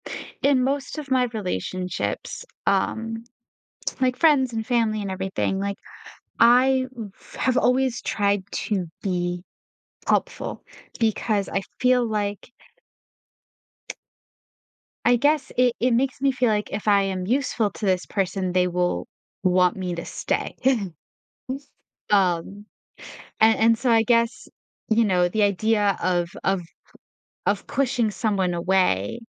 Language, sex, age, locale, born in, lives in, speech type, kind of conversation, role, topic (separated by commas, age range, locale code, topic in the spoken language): English, female, 20-24, en-US, United States, United States, conversation, advice, user, How can I set and maintain healthy boundaries with friends and family without feeling guilty?